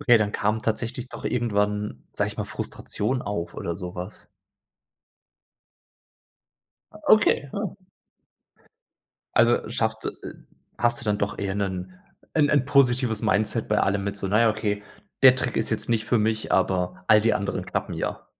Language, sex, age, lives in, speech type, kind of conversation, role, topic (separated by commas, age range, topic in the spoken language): German, male, 30-34, Germany, conversation, podcast, host, Wie spielst du kreativ, und was gehört für dich dazu?